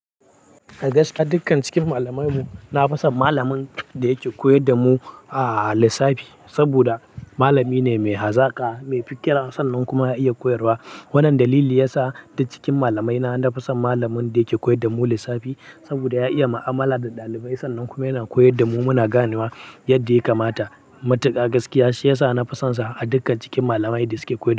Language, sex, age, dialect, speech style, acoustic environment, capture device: Hausa, male, 18-24, Nigeria - Kano, happy, nature; silence, mobile / Linux / Chrome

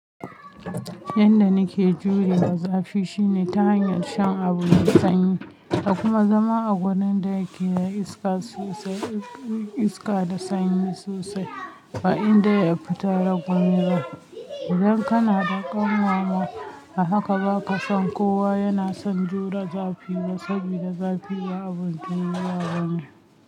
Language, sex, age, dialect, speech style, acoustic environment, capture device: Hausa, female, 25-34, Nigeria - Kano, relaxed, people talking; nature, mobile / Linux / Chrome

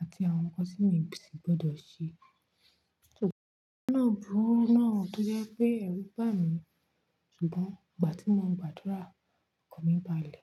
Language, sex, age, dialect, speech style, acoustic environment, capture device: Yoruba, female, 25-34, Nigeria - Nigerian Standard, focused, nature, mobile / Linux / Chrome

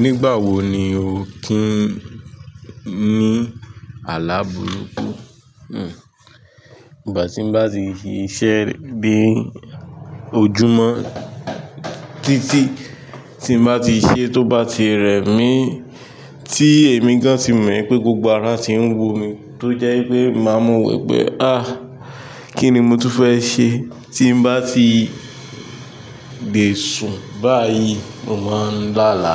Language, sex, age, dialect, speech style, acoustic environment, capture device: Yoruba, male, 25-34, Nigeria - Nigerian Standard, tired, nature; music; people talking; traffic; construction, mobile / Linux / Chrome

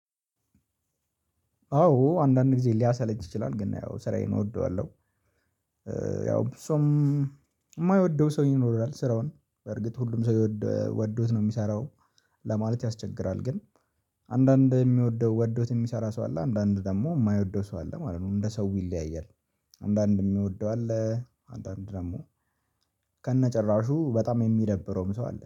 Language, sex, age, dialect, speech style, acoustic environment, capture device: Amharic, male, 18-24, Ethiopia - Addis Ababa, happy, silence, mobile / Linux / Chrome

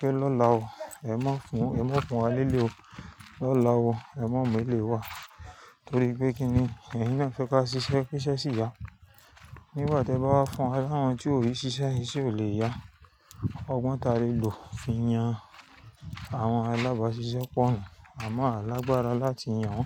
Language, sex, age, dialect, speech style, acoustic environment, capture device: Yoruba, female, 35-44, Nigeria - Lagos Yoruba, focused; happy, nature, mobile / Linux / Chrome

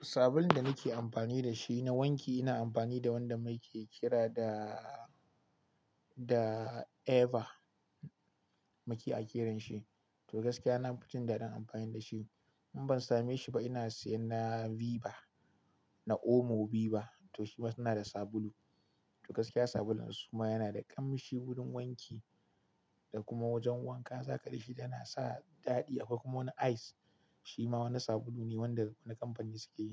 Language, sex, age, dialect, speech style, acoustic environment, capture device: Hausa, male, 25-34, Nigeria - Kano, happy, silence, mobile / Linux / Chrome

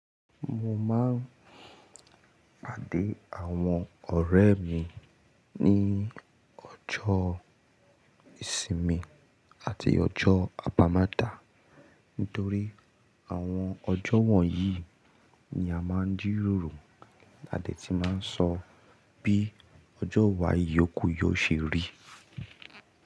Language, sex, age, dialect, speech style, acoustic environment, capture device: Yoruba, male, 25-34, Nigeria - Ibadan, happy, nature, mobile / Linux / Chrome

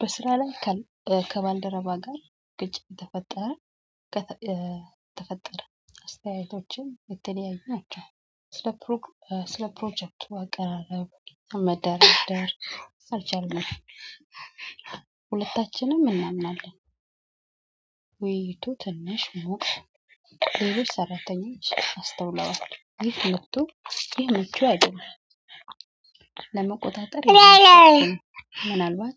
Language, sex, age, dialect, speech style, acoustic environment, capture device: Amharic, female, 25-34, Ethiopia - Addis Ababa, happy, people talking, mobile / Android / Chrome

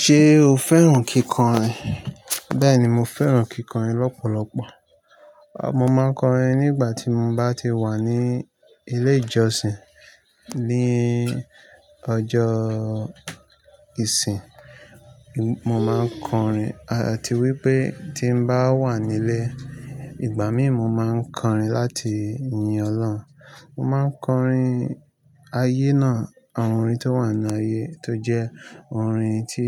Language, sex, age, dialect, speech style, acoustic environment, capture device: Yoruba, male, 25-34, Nigeria - Lagos Yoruba, nervous; excited; anxious; relaxed, nature, mobile / Linux / Chrome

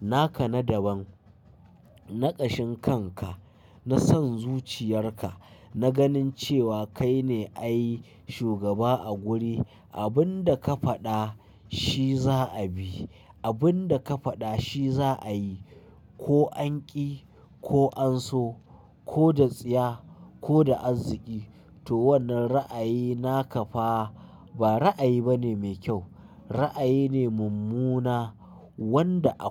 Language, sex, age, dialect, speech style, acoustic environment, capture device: Hausa, male, 25-34, Nigeria - Kano, happy, silence, mobile / Linux / Chrome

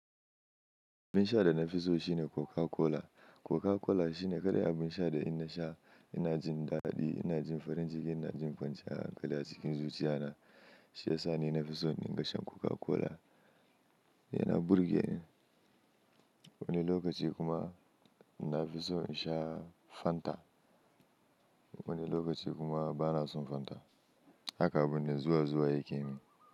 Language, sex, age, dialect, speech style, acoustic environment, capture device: Hausa, male, 25-34, Nigeria - Kano, happy; anxious, nature; silence, mobile / Linux / Chrome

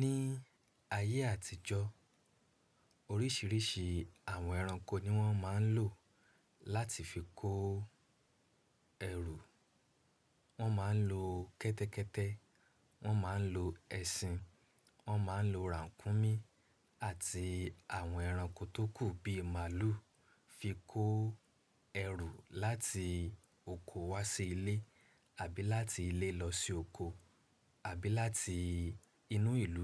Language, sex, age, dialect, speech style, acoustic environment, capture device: Yoruba, male, 25-34, Nigeria - Ibadan, relaxed, silence, mobile / Linux / Chrome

